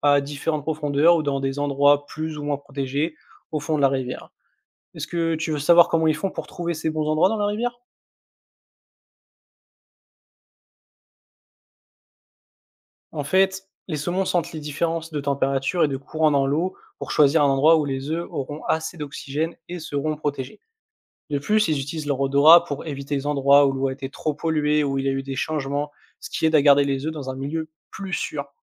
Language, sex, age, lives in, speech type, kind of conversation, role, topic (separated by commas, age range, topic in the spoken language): French, male, 20-24, France, read, expert-assistant, expert, Comment les saumons remontent-ils les rivières pour pondre ?